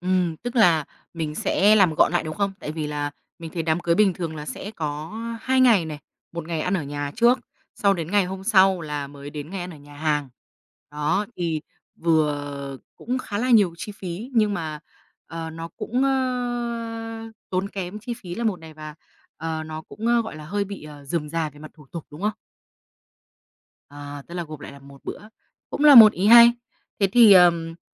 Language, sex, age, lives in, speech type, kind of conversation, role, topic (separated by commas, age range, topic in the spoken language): Vietnamese, female, 25-29, Vietnam, conversation, podcast, host, Bạn từng cảm thấy xung đột giữa truyền thống và hiện đại không?